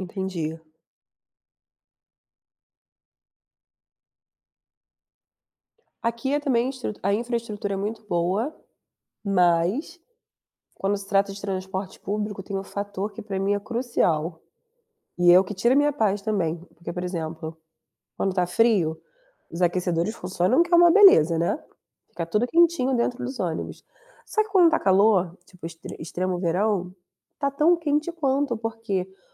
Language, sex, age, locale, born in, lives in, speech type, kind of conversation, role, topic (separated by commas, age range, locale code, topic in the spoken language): Portuguese, female, 30-34, pt-BR, Brazil, Germany, conversation, unstructured, none, Como você decide entre usar transporte público ou bicicleta?